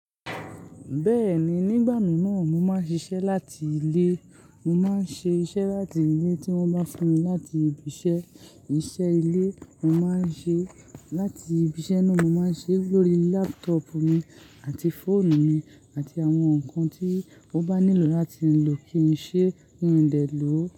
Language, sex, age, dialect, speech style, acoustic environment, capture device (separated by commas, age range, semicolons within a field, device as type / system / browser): Yoruba, male, 18-24, Nigeria - Lagos Yoruba, happy; anxious; excited; focused, nature; silence, mobile / Linux / Chrome